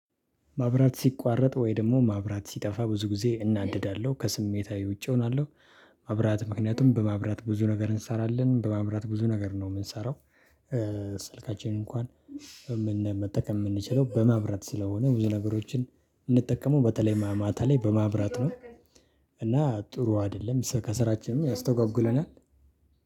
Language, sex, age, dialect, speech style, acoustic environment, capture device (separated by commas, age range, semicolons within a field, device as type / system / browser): Amharic, male, 25-34, Ethiopia - Addis Ababa, happy, people talking; silence, desktop / Linux / Chrome